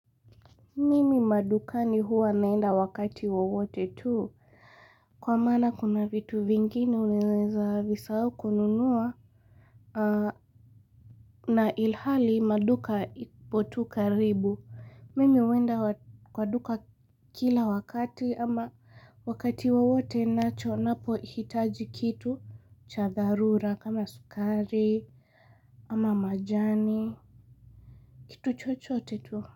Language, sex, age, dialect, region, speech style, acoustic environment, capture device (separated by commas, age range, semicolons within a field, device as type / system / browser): Swahili, female, 18-24, Kenya - Mombasa, Kenya, relaxed; excited; focused, nature, mobile / Linux / Chrome